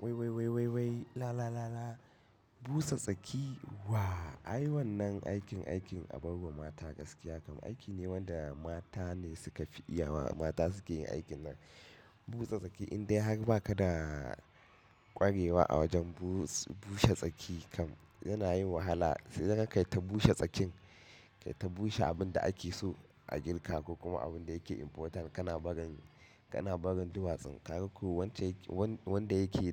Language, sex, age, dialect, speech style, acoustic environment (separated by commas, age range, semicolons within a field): Hausa, male, 25-34, Nigeria - Katsina, happy, silence; nature